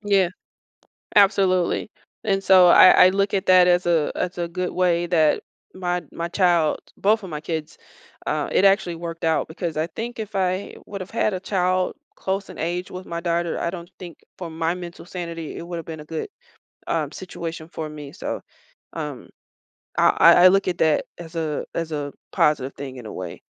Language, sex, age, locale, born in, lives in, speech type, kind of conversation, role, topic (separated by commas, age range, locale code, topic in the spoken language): English, female, 35-39, en-US, United States, United States, conversation, podcast, guest, What helps you create healthy boundaries between your work and personal life at home?